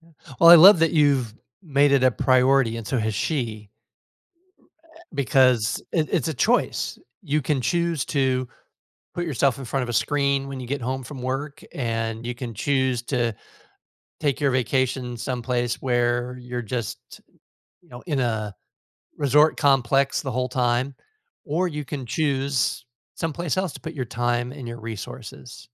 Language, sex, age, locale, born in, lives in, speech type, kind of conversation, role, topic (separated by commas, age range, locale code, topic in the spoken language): English, male, 55-59, en-US, United States, United States, conversation, podcast, host, How does spending time in nature shape our well-being and daily routines?